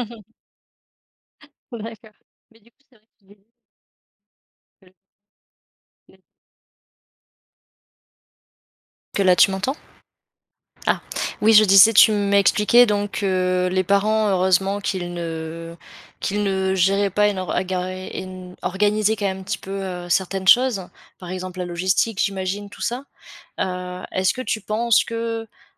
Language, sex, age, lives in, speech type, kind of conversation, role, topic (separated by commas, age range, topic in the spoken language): French, female, 25-29, France, conversation, podcast, host, Peux-tu raconter un retard qui, finalement, t’a évité un problème ?